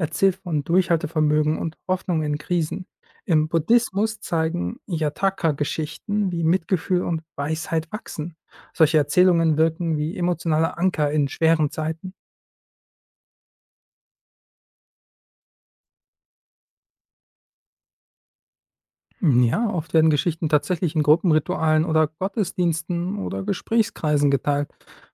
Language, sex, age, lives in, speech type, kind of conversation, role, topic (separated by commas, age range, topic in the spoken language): German, male, 25-29, Germany, read, expert-assistant, expert, Was kann ich von verschiedenen Religionen über ein erfülltes Leben lernen?